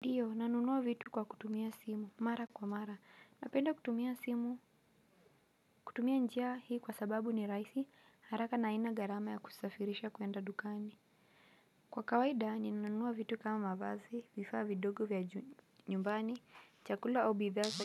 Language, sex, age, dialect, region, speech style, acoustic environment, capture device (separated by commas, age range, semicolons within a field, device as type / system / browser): Swahili, female, 18-24, Kenya - Mombasa, Kenya, relaxed, nature; music, mobile / Linux / Chrome